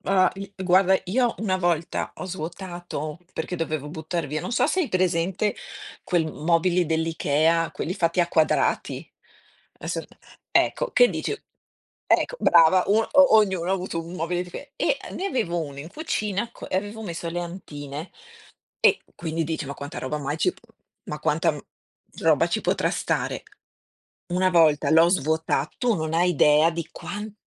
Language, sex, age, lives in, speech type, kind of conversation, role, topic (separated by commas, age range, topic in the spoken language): Italian, female, 50-54, Italy, conversation, unstructured, none, Come inizi la tua giornata per sentirti subito bene?